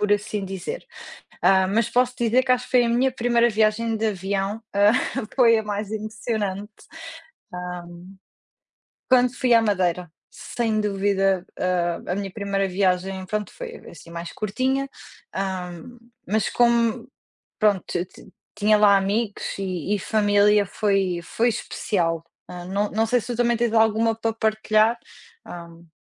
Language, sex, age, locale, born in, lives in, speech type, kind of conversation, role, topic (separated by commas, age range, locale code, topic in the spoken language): Portuguese, female, 30-34, pt-PT, Portugal, Portugal, conversation, unstructured, none, Qual é a melhor lembrança que você trouxe de uma viagem?